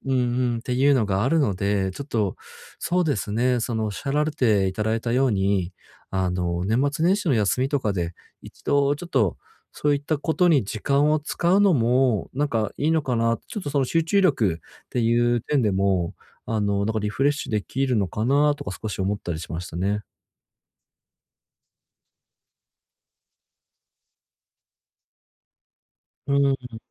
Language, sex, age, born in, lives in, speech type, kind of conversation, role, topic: Japanese, male, 30-34, Japan, Japan, conversation, advice, user, どうすれば娯楽に集中する時間を作れますか？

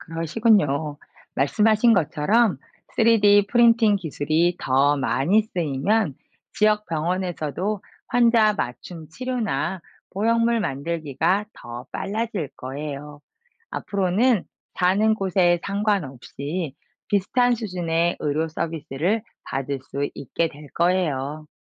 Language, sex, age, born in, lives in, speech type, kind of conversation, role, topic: Korean, female, 45-49, South Korea, France, read, expert-assistant, expert, 3D 프린팅 기술이 의료 분야에서 사용되는 사례는 무엇인가요?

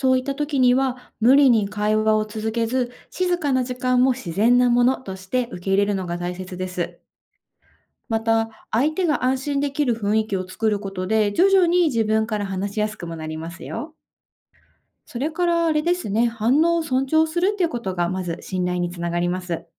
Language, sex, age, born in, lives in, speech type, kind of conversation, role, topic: Japanese, female, 30-34, Japan, Japan, read, expert-assistant, expert, 多文化共生社会で、異文化の人々と良い人間関係を築くための習慣や日々のルーティンにはどんなものがありますか？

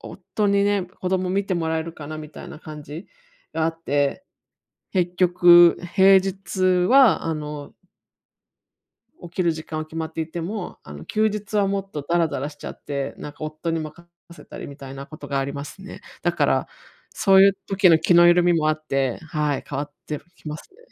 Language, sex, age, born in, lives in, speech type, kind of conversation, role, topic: Japanese, female, 35-39, Japan, United States, conversation, advice, user, どうすれば毎晩同じ時間に寝る習慣を身につけられますか？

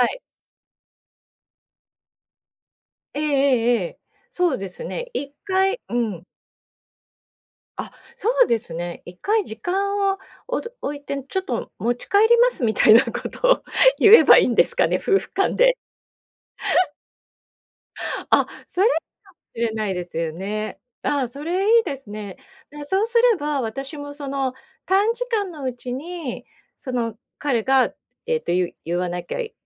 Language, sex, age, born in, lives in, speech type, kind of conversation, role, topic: Japanese, female, 50-54, Japan, Japan, conversation, advice, user, 批判を受けたときに冷静さを保つには、どうすればよいですか？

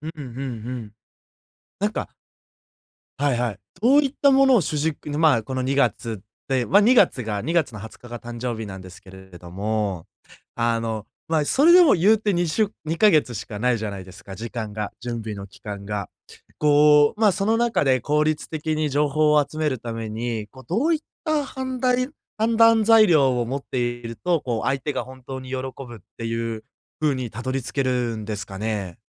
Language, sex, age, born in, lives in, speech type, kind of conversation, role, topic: Japanese, male, 20-24, Japan, Japan, conversation, advice, user, プレゼントで相手が本当に喜ぶものを見つけるには、どうすればよいですか？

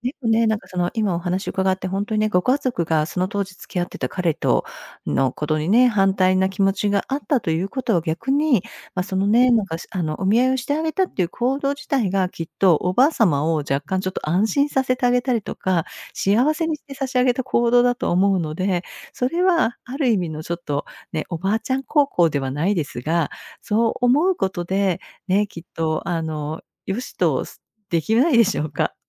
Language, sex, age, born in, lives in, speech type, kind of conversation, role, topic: Japanese, female, 55-59, Japan, United States, conversation, advice, advisor, 別れを決めたことに罪悪感や後悔を感じていますか？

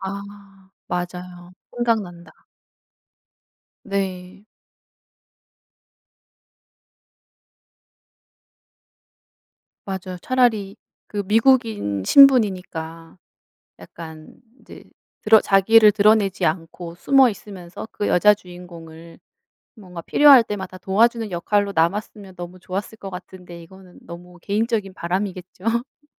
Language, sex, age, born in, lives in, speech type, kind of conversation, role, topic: Korean, female, 35-39, South Korea, South Korea, conversation, unstructured, none, 영화나 드라마에서 결말이 중요하다고 생각하시나요?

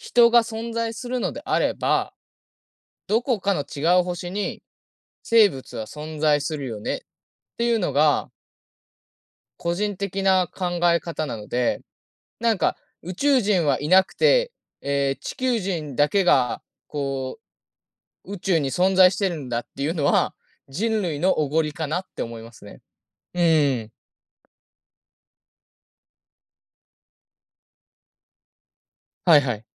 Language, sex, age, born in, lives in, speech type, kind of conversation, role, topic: Japanese, male, 20-24, Japan, Japan, conversation, unstructured, none, 宇宙人はいると思いますか？その理由は何ですか？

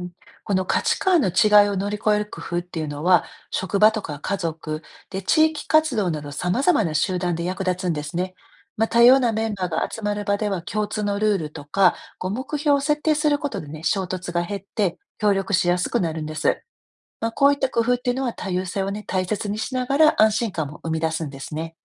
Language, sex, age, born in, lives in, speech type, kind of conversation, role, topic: Japanese, female, 50-54, Japan, United States, read, expert-assistant, expert, 信仰を持つことと持たないこと、それぞれの良さや難しさについて、どう感じますか？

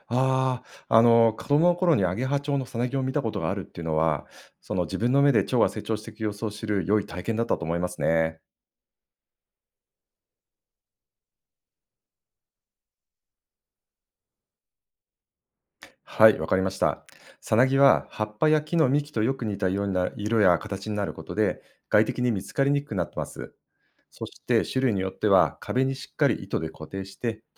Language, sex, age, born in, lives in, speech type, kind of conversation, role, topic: Japanese, male, 50-54, Japan, Japan, read, expert-assistant, expert, なぜチョウはさなぎになるのでしょうか？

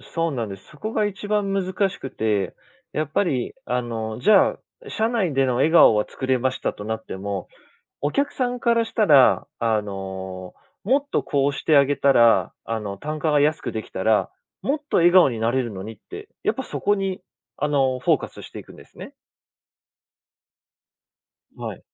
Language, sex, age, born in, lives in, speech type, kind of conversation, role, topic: Japanese, male, 30-34, Japan, Japan, conversation, podcast, guest, 仕事における自分の信念は何？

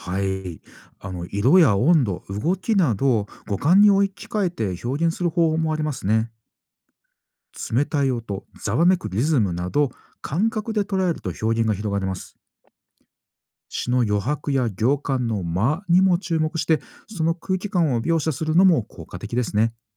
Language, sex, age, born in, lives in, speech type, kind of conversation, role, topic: Japanese, male, 40-44, Japan, Japan, read, expert-assistant, expert, 詩を読むとき、自分なりの気づきや感想をどうやって整理していますか？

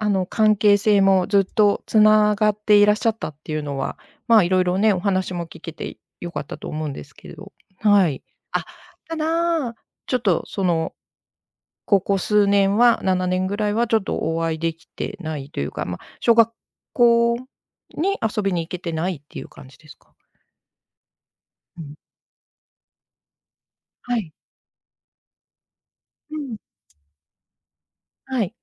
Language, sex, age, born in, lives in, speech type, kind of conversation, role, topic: Japanese, female, 55-59, Japan, Japan, conversation, podcast, host, 忘れられない師匠や先生とのエピソードはありますか？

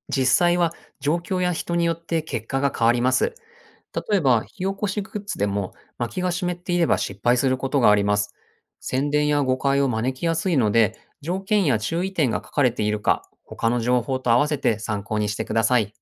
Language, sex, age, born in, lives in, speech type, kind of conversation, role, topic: Japanese, male, 40-44, Japan, Japan, read, expert-assistant, expert, 登山やキャンプに関する情報源の信頼性はどのように判断できますか？たとえば、人気のブログやSNSのアドバイスは本当に安全なのでしょうか。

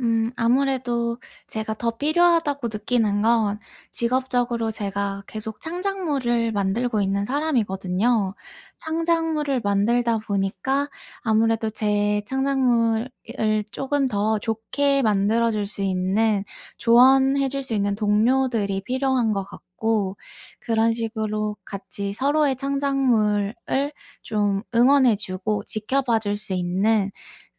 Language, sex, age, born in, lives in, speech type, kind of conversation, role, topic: Korean, female, 25-29, South Korea, United States, conversation, advice, user, 조직 내에서 네트워킹을 통해 관계를 효과적으로 구축하려면 어떻게 해야 하나요?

